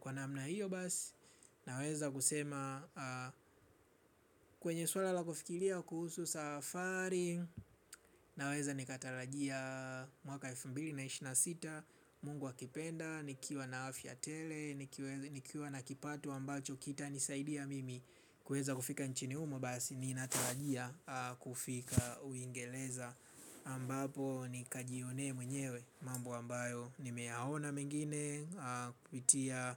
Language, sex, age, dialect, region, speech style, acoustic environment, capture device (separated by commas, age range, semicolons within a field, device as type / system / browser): Swahili, male, 25-34, Tanzania - Dar es Salaam, Tanzania, happy; relaxed, silence; nature, mobile / Linux / Chrome